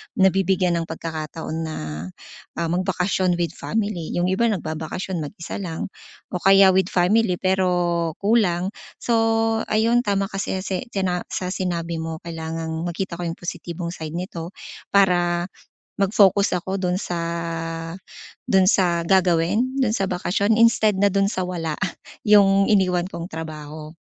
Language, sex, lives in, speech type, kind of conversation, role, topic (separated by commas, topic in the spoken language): Filipino, female, Philippines, conversation, advice, user, Paano ko mamamahalaang mabuti ang oras at stress habang nagbabakasyon?